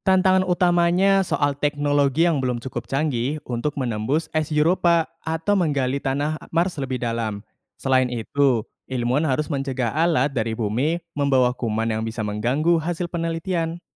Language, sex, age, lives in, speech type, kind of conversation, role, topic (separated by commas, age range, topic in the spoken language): Indonesian, male, 20-24, Indonesia, read, expert-assistant, expert, Bagaimana cara seru belajar tentang asal-usul cahaya bintang dan apa yang bisa kita pelajari dari itu?